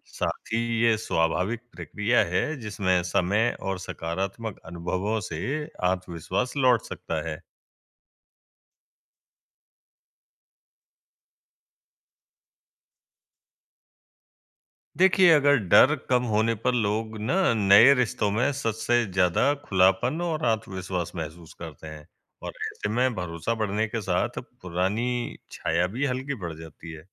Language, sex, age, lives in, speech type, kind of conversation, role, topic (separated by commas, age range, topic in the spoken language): Hindi, male, 20-24, India, read, expert-assistant, expert, लोगों के बीच झगड़े अक्सर क्यों बढ़ जाते हैं, जबकि शुरुआत में वे छोटी-सी बात से शुरू होते हैं?